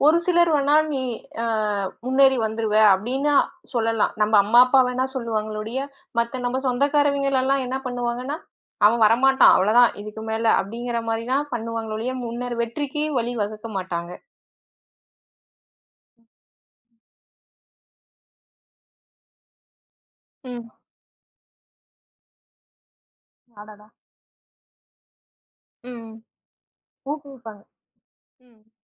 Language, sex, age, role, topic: Tamil, female, 35-39, host, தோல்வி வெற்றியின் ஒரு பகுதியாகும் என்று நீங்கள் நினைக்கிறீர்களா?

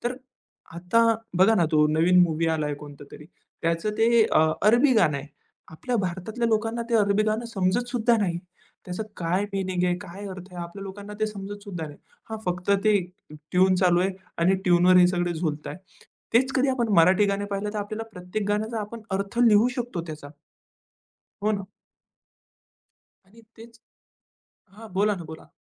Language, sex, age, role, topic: Marathi, male, 20-24, guest, शेअर केलेल्या गाण्यांच्या यादीमुळे नातं कसं बदलू शकतं असं तुम्हाला वाटतं का?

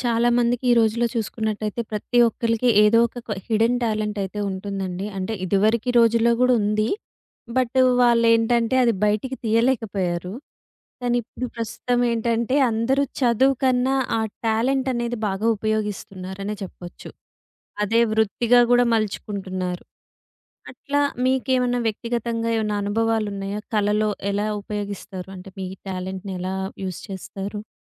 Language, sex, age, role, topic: Telugu, female, 30-34, host, వ్యక్తిగత అనుభవాలను మీరు మీ కళలో ఎలా ఉపయోగిస్తారు?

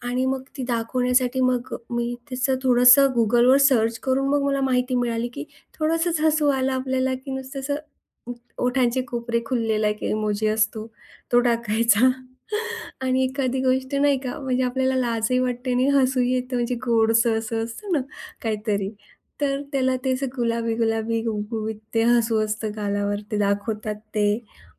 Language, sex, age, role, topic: Marathi, female, 40-44, guest, हसण्यामागचे वेगवेगळे अर्थ तुम्ही कसे ओळखता?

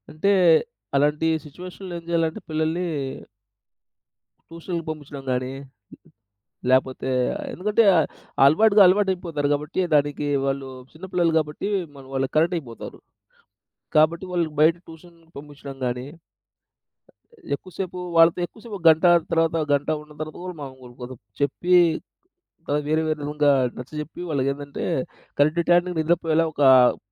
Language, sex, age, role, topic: Telugu, male, 30-34, guest, పిల్లలకు నిద్ర సమయపు అలవాటు ఎలా ఏర్పరుస్తారు?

